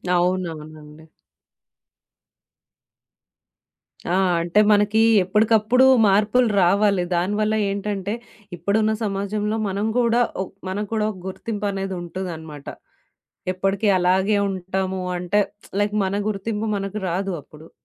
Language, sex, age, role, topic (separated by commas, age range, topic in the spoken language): Telugu, female, 30-34, host, స్టైల్‌లో మార్పు చేసుకున్న తర్వాత మీ రోజువారి ఆత్మవిశ్వాసంలో ఎలాంటి మార్పు వచ్చింది?